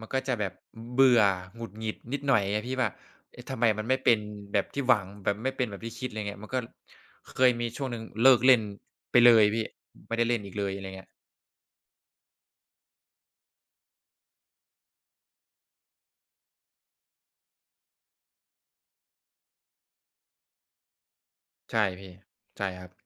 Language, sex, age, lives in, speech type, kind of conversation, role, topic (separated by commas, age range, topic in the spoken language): Thai, male, 20-24, Thailand, conversation, unstructured, none, คุณชอบเล่นกีฬาประเภทไหนมากที่สุด?